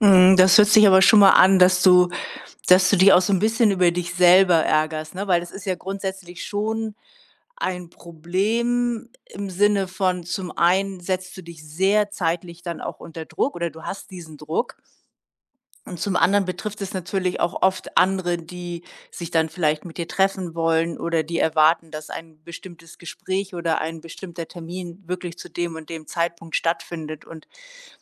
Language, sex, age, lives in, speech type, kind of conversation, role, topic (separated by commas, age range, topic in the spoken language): German, female, 60-64, Germany, conversation, advice, advisor, Wie kann ich chronisches Zuspätkommen und schlechte Zeitplanung in den Griff bekommen?